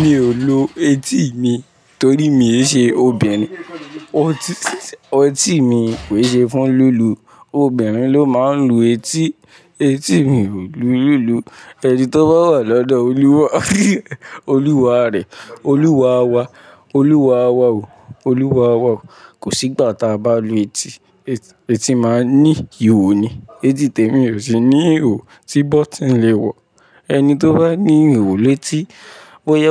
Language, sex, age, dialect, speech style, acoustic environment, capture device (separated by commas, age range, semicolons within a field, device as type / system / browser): Yoruba, male, 18-24, Nigeria - Lagos Yoruba, relaxed; focused, nature, mobile / Linux / Chrome